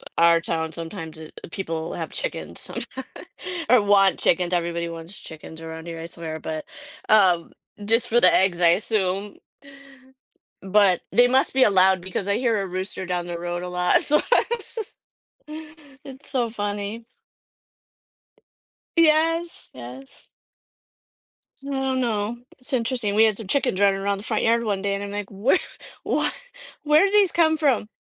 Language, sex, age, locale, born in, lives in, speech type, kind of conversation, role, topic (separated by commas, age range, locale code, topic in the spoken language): English, female, 50-54, en-US, United States, United States, conversation, podcast, host, How do you approach goals that are both exciting and intimidating?